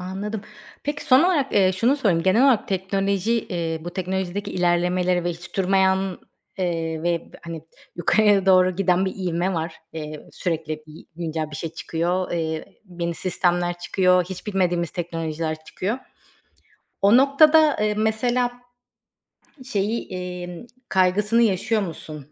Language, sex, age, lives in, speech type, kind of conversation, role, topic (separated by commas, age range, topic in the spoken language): Turkish, female, 35-39, Greece, conversation, podcast, host, Yeni teknolojileri denemeye nasıl karar veriyorsun?